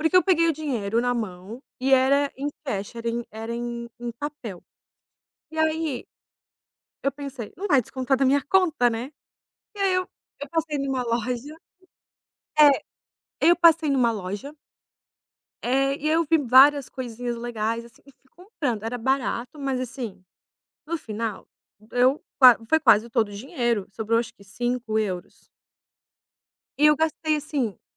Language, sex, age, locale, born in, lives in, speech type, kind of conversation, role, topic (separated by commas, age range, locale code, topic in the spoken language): Portuguese, female, 30-34, pt-BR, Brazil, Italy, conversation, advice, user, Como posso parar de buscar prazer imediato ao consumir comida ou mídias?